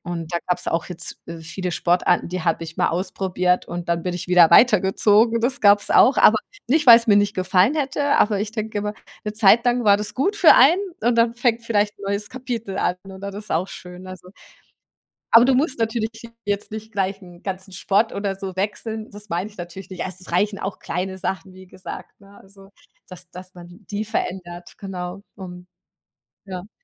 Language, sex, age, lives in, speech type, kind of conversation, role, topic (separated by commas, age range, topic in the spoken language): German, female, 40-44, Germany, conversation, podcast, guest, Wie wichtig ist Routine für deine Kreativität?